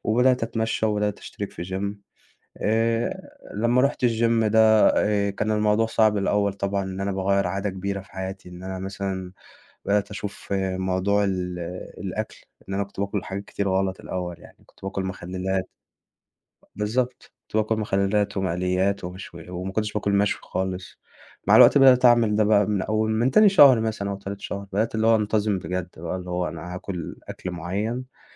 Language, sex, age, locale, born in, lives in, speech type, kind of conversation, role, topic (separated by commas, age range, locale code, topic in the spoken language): Arabic, male, 20-24, ar-EG, Egypt, Egypt, conversation, podcast, guest, إيه العادات الصغيرة اللي غيّرت صحتك للأحسن؟